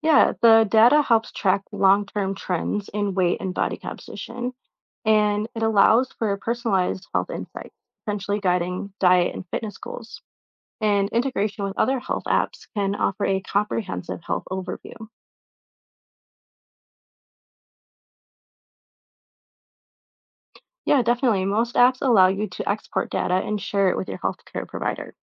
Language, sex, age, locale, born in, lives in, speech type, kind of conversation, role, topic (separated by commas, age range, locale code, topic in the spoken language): English, female, 25-29, en-US, United States, United States, read, expert-assistant, expert, How do smart scales measure weight?